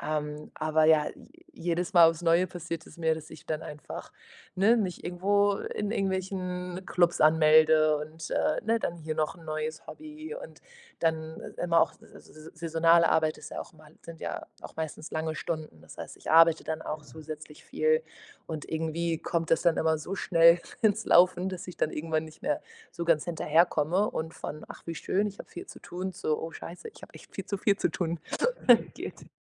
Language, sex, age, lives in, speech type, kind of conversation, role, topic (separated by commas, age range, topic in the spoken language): German, female, 25-29, Portugal, conversation, advice, user, Wie finde ich die richtige Balance zwischen Aktivität und Ruhe?